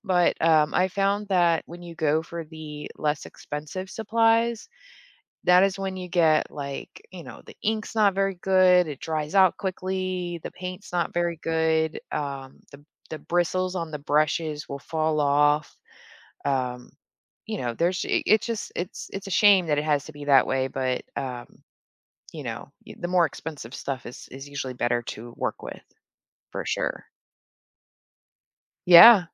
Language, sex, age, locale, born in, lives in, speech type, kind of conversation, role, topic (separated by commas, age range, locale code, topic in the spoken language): English, female, 35-39, en-US, Germany, United States, conversation, podcast, guest, What role do hobbies play in shaping who we are and what we enjoy?